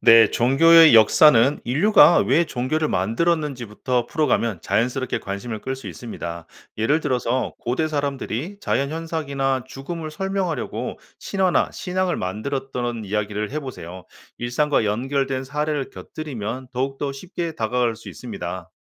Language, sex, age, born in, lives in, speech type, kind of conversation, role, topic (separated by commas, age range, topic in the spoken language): Korean, male, 45-49, South Korea, United States, read, expert-assistant, expert, 종교 건축물은 어떻게 사회와 문화에 영향을 미칠까요?